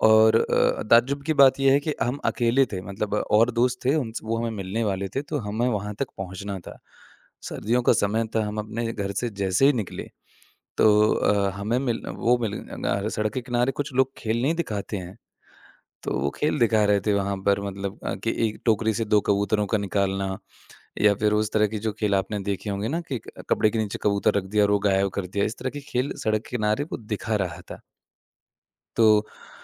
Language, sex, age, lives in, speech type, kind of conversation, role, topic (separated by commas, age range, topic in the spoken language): Hindi, male, 25-29, India, conversation, podcast, guest, क्या आप कभी यात्रा के दौरान किसी धोखाधड़ी का शिकार हुए हैं?